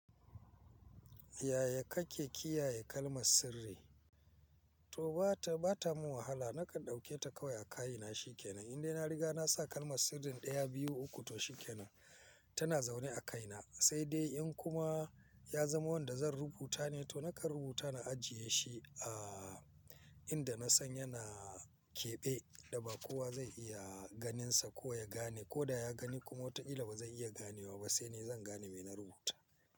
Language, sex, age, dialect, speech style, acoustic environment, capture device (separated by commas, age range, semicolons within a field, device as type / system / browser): Hausa, male, 45-54, Nigeria - Kano, focused, silence, mobile / Linux / Chrome